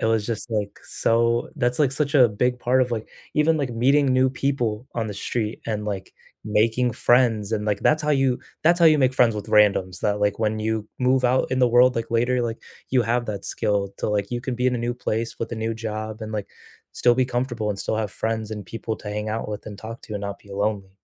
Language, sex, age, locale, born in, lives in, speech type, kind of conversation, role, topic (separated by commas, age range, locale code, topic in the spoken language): English, male, 20-24, en-US, United States, United States, conversation, unstructured, none, What’s a childhood memory that still makes you smile?